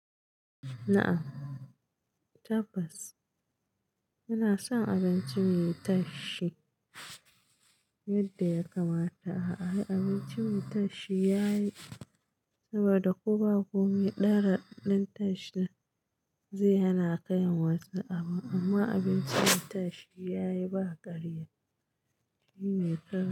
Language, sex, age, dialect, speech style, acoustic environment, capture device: Hausa, female, 35-44, Nigeria - Katsina, happy, construction; nature; silence, mobile / Linux / Chrome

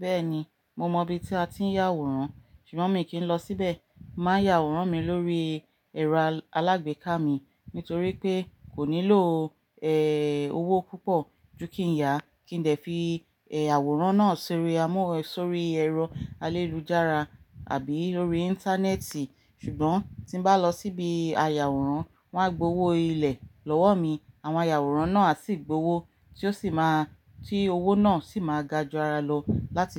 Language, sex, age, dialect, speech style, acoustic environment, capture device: Yoruba, female, 35-44, Nigeria - Ibadan, relaxed, nature; silence, mobile / Linux / Chrome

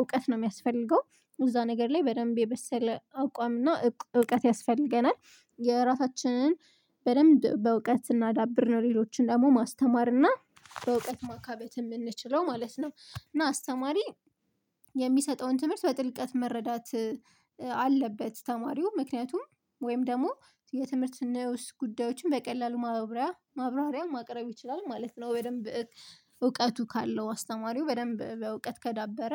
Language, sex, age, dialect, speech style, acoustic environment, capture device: Amharic, female, 25-34, Ethiopia - Addis Ababa, focused, silence, mobile / Linux / Chrome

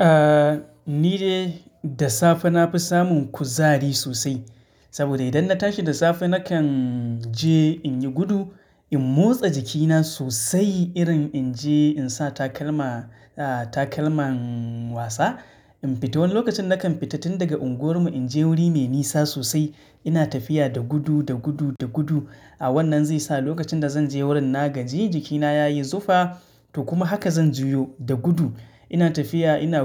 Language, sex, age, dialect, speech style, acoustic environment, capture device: Hausa, male, 25-34, Nigeria - Katsina, excited, silence, mobile / Linux / Chrome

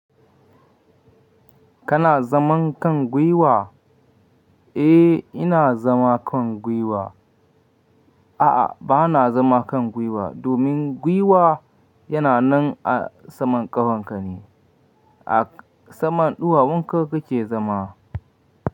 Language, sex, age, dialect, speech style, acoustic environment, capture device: Hausa, male, 25-34, Nigeria - Katsina, happy; excited, silence, mobile / Linux / Chrome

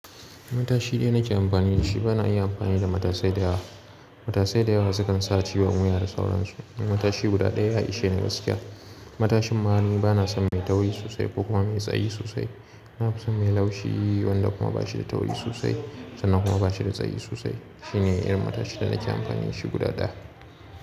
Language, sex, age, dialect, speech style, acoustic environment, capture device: Hausa, male, 25-34, Nigeria - Kano, relaxed, people talking; appliances, mobile / Linux / Chrome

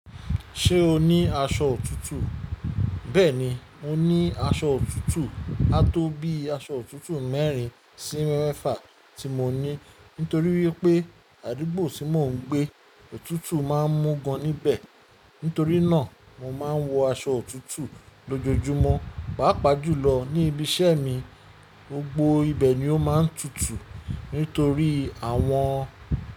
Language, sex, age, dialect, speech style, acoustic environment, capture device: Yoruba, male, 35-44, Nigeria - Lagos Yoruba, relaxed, silence, mobile / Linux / Chrome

